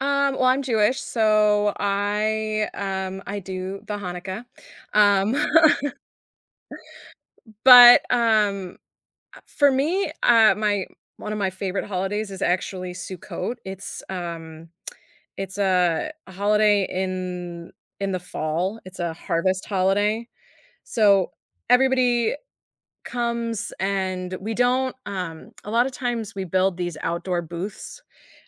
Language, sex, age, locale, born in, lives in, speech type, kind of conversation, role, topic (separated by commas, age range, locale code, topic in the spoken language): English, female, 30-34, en-US, United States, United States, conversation, podcast, guest, How do holidays shape your sense of heritage?